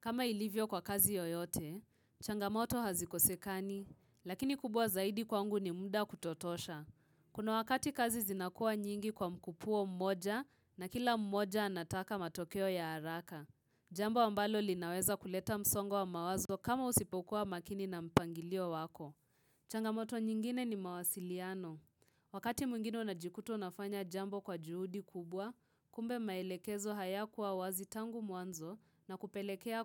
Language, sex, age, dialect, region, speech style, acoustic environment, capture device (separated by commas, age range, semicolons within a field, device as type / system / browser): Swahili, female, 18-24, Kenya - Nairobi Sheng-influenced, Kenya, relaxed, silence, mobile / Linux / Chrome